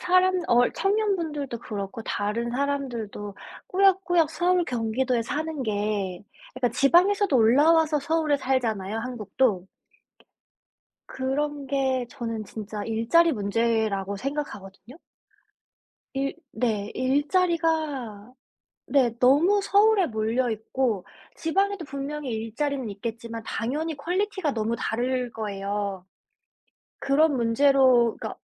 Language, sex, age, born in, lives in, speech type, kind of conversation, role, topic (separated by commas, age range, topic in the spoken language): Korean, female, 25-29, South Korea, United States, conversation, unstructured, none, 평생 한 도시에서만 사는 것과 여러 도시를 옮겨 다니며 사는 것 중 어떤 삶을 선택하시겠습니까?